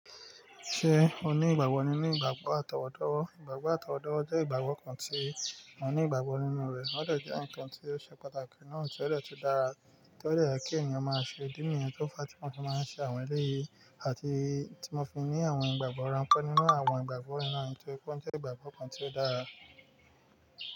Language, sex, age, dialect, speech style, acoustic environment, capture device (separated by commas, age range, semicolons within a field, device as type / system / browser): Yoruba, male, 25-34, Nigeria - Nigerian Standard, relaxed, nature, mobile / Linux / Chrome